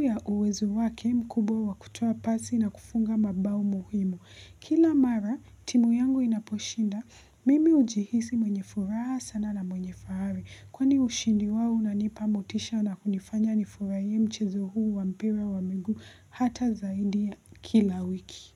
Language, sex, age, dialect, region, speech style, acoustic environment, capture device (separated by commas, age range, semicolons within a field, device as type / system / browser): Swahili, female, 18-24, Kenya - Nairobi Sheng-influenced, Kenya, focused; relaxed, silence; appliances, mobile / Linux / Chrome